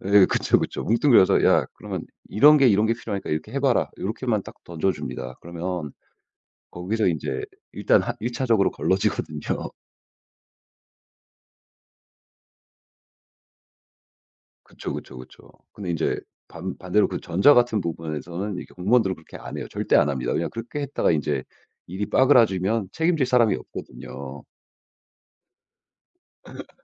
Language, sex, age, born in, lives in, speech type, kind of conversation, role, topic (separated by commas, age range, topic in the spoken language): Korean, male, 35-39, United States, United States, conversation, podcast, guest, 좋은 멘토의 특징은 뭐라고 생각하세요?